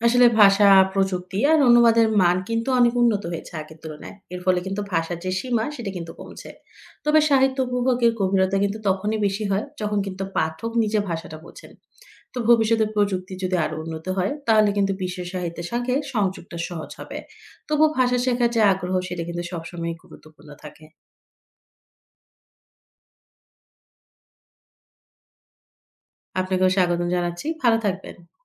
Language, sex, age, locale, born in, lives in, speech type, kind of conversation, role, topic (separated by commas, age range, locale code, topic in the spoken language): Bengali, female, 25-29, bn-BD, Bangladesh, Finland, read, expert-assistant, expert, কেন পুরনো সাহিত্যকর্মগুলো এখনো জনপ্রিয়? ‘ক্লাসিক’ শব্দটা এখানে কী বোঝায়?